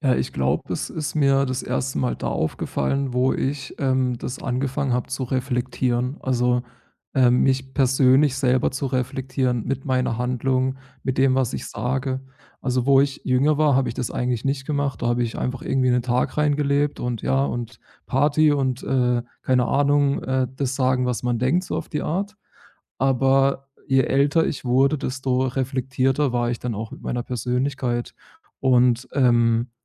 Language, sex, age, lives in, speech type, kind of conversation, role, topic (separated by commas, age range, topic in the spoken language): German, male, 30-34, Germany, conversation, podcast, guest, Was machst du, wenn Körpersprache widersprüchlich ist?